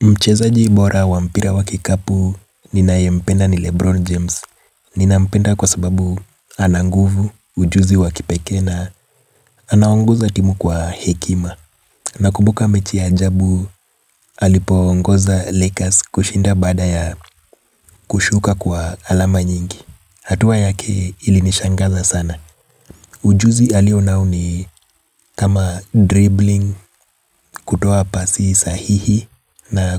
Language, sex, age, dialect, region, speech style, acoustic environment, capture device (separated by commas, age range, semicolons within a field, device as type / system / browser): Swahili, male, 25-34, Kenya - Nairobi Sheng-influenced, Kenya, relaxed, nature; appliances, mobile / Linux / Chrome